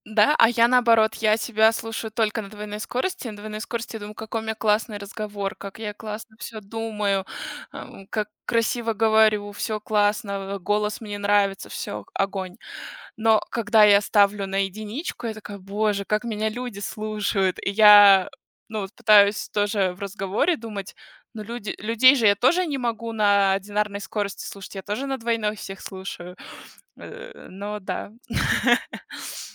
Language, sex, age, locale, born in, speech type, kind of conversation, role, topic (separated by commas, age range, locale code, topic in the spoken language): Russian, female, 30-34, ru-RU, Russia, conversation, unstructured, none, Что вас больше всего бесит в чужом поведении?